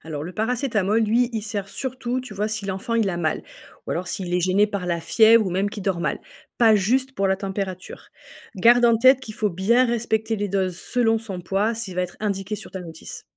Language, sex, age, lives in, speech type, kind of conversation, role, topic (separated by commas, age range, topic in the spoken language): French, female, 35-39, France, read, expert-assistant, expert, Comment reconnaître les sources sérieuses quand on lit des conseils médicaux en ligne ?